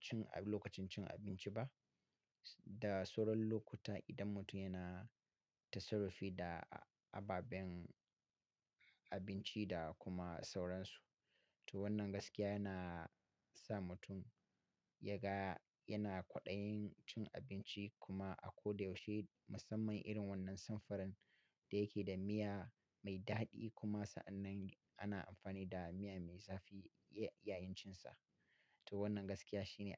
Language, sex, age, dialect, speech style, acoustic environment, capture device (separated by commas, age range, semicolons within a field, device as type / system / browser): Hausa, male, 35-44, Nigeria - Katsina, happy; relaxed; focused; excited, silence; nature, mobile / Linux / Chrome